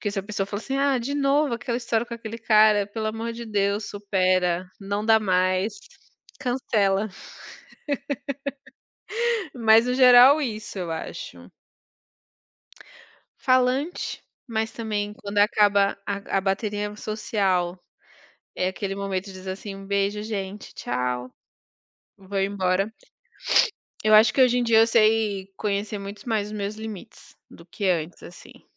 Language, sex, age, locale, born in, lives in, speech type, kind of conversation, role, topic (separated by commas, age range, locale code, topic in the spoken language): Portuguese, female, 30-34, pt-BR, Brazil, France, conversation, unstructured, none, Como você define quem você realmente é?